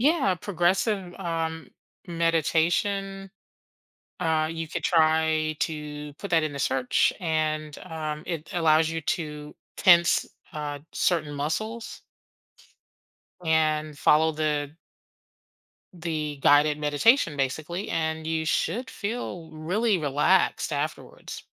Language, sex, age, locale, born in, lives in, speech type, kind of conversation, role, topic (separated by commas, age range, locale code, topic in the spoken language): English, female, 50-54, en-US, United States, United States, conversation, advice, advisor, How can I balance work and family?